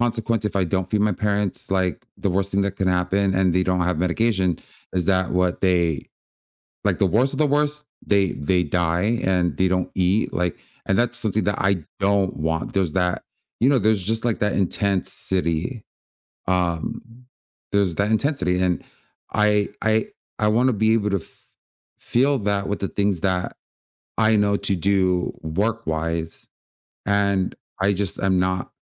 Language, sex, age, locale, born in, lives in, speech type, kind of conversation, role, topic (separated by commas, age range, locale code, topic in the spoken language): English, male, 30-34, en-US, United States, United States, conversation, advice, user, How can I feel less tired and more motivated throughout the day?